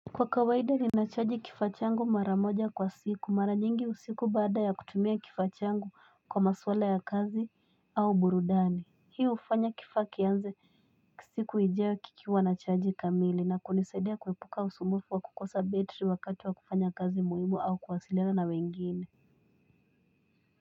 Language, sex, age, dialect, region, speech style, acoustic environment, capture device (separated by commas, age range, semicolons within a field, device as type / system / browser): Swahili, female, 18-24, Kenya - Mombasa, Kenya, happy, silence, mobile / Linux / Chrome